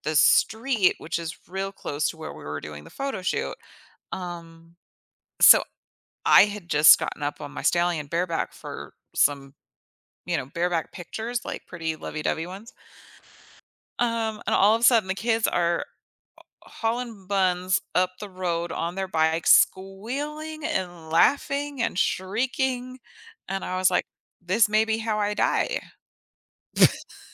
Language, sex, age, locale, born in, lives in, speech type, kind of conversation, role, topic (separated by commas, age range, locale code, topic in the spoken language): English, female, 35-39, en-US, United States, United States, conversation, advice, user, How can I cultivate and deepen feelings of awe in nature?